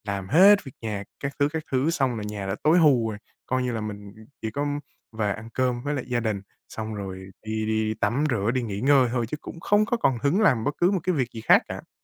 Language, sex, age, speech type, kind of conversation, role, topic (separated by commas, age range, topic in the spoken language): Vietnamese, male, 20-24, conversation, advice, user, Làm thế nào để trao đổi và phân chia việc nhà công bằng hơn trong gia đình tôi?